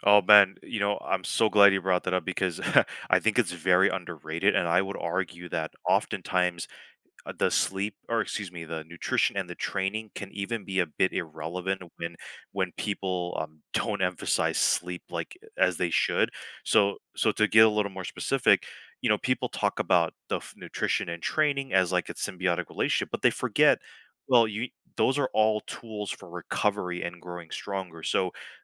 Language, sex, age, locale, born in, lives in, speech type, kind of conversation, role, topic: English, male, 35-39, en-US, United States, United States, conversation, podcast, guest, What are effective ways to stay active when life gets busy?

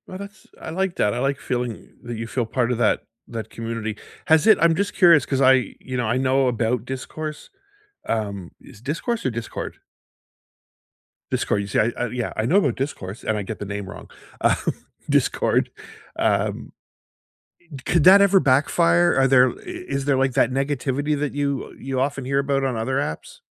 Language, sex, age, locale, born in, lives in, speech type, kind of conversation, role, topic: English, male, 55-59, en-CA, Canada, United States, conversation, unstructured, none, What small everyday joys brighten your week?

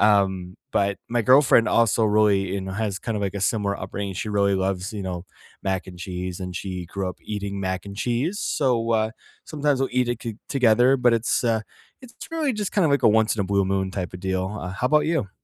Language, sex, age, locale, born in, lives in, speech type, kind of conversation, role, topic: English, male, 25-29, en-US, United States, United States, conversation, unstructured, none, On cozy nights, what nourishing comfort foods do you reach for, and what memories make them special?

